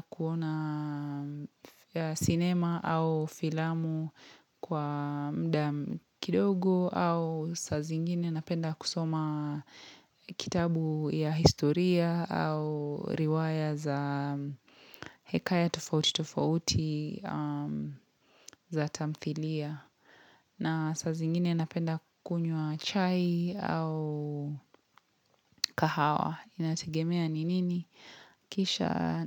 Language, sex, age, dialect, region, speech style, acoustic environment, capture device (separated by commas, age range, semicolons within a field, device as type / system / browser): Swahili, female, 25-34, Kenya - Mombasa, Kenya, relaxed; happy; focused; excited, silence, mobile / Linux / Chrome